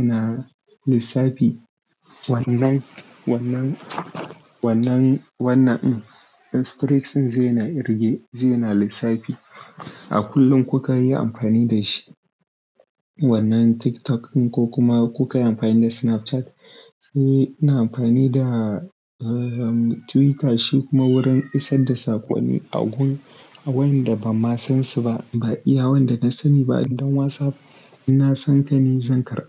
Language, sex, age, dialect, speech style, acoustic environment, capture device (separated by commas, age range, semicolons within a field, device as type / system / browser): Hausa, male, 18-24, Nigeria - Kano, excited; focused; relaxed; happy, nature, desktop / Linux / Chrome